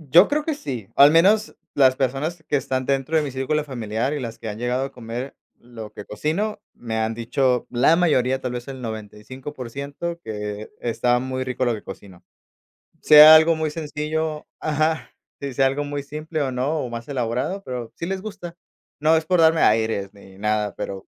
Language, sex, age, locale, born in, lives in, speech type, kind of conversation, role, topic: Spanish, male, 30-34, es-MX, Mexico, Mexico, conversation, podcast, guest, ¿Cómo cocinas cuando tienes poco tiempo?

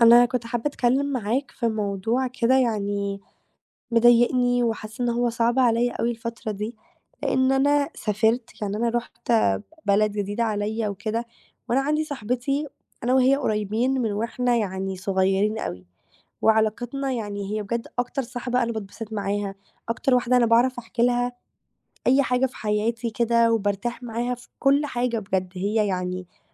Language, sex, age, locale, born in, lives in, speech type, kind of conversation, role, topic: Arabic, female, 18-19, ar-EG, Egypt, Greece, conversation, advice, user, إزاي بتتعامل مع صداقات بعيدة المسافة وتحديات إنكم تفضلوا قريبين عاطفيًا؟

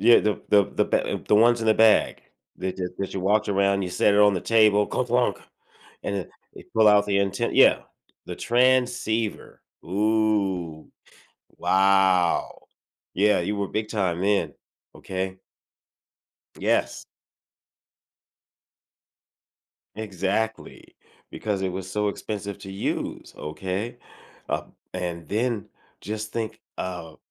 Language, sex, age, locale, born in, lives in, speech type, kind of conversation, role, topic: English, male, 55-59, en-US, United States, United States, conversation, podcast, guest, In what ways do new technologies change the way we live and connect with others every day?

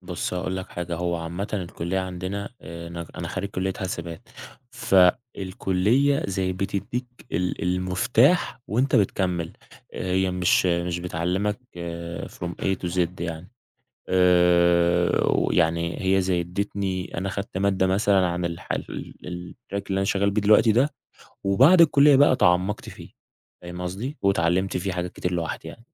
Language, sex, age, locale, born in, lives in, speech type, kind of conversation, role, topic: Arabic, male, 20-24, ar-EG, Egypt, Egypt, conversation, podcast, guest, إيه اللي بيحمّسك تكمّل تتعلّم طول عمرك؟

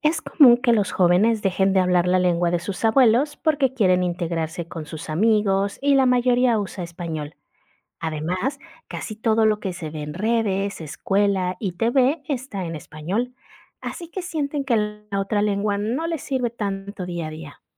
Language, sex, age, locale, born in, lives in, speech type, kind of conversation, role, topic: Spanish, female, 35-39, es-MX, Mexico, Mexico, read, expert-assistant, expert, ¿Qué factores suelen causar que los jóvenes dejen de hablar la lengua de sus abuelos?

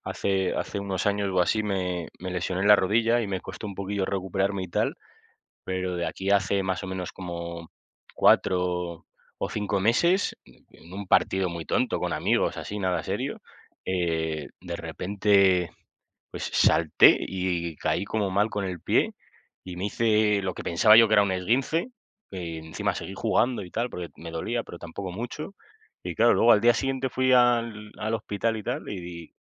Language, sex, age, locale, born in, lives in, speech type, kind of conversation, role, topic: Spanish, male, 25-29, es-ES, Spain, Spain, conversation, unstructured, none, ¿Cuál es tu deporte favorito para mantenerte activo?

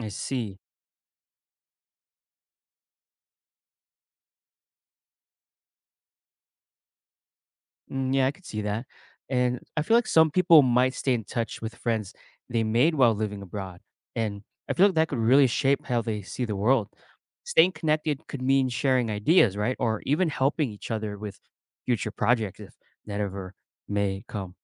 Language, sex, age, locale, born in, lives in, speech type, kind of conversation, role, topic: English, male, 25-29, en-US, United States, United States, read, expert-assistant, asker, What if everyone lived abroad for a year?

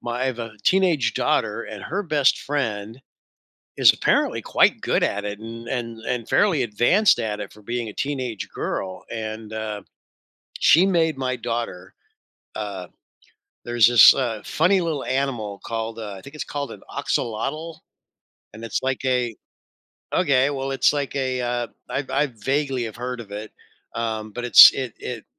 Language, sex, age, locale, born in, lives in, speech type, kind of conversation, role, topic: English, male, 60-64, en-US, United States, United States, conversation, podcast, host, How has your favorite hobby shaped who you are today?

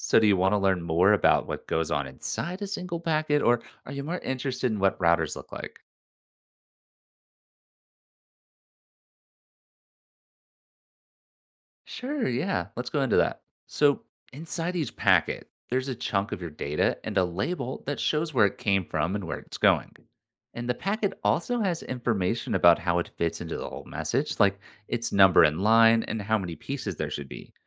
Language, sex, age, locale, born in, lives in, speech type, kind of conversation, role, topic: English, male, 25-29, en-US, United States, United States, read, expert-assistant, expert, How does the internet transmit data between locations?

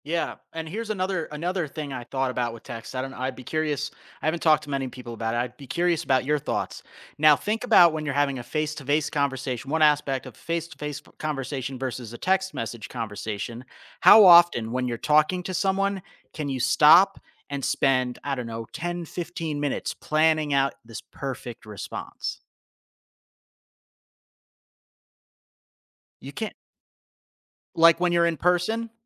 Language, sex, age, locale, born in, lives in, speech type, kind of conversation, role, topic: English, male, 35-39, en-US, United States, United States, conversation, podcast, guest, How does nonverbal communication shape the way we understand each other?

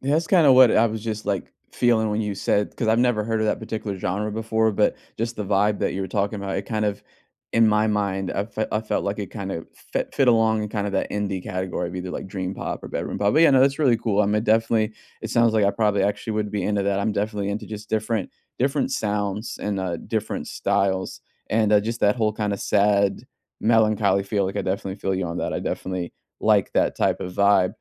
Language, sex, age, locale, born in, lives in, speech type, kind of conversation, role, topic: English, male, 30-34, en-US, United States, United States, conversation, unstructured, none, Which soundtrack has been your unofficial theme music this week, and what moments has it been scoring?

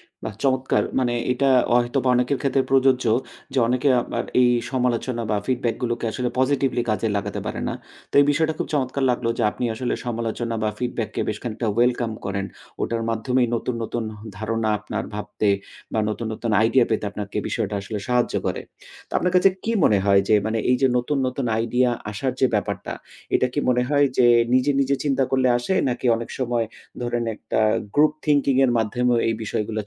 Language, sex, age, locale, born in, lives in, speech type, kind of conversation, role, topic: Bengali, male, 35-39, bn-BD, Bangladesh, Finland, conversation, podcast, host, তুমি নতুন ধারণা কীভাবে খুঁজে পাও?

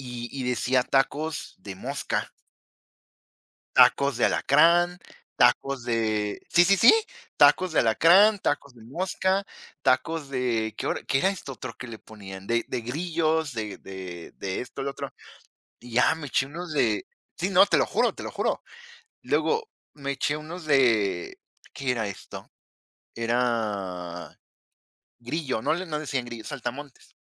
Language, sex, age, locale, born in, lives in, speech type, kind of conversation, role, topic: Spanish, female, 20-24, es-MX, Mexico, Mexico, conversation, unstructured, none, ¿Qué es lo más extraño que has comido durante un viaje?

